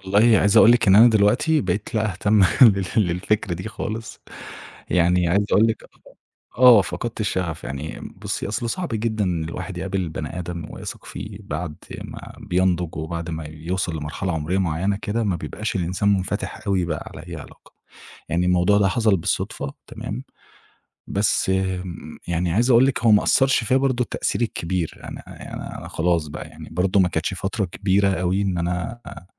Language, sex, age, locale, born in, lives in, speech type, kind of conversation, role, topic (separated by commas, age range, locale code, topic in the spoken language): Arabic, male, 25-29, ar-EG, Egypt, Egypt, conversation, podcast, guest, إيه دور الافتراضات في سوء الفهم؟